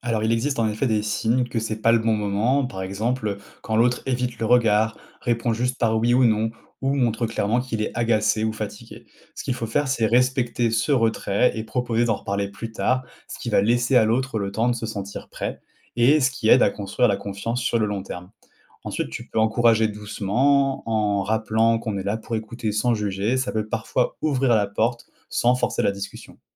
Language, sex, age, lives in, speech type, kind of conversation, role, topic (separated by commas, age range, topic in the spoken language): French, male, 30-34, France, read, expert-assistant, expert, Comment éviter les malentendus quand on parle avec quelqu’un qu’on aime ?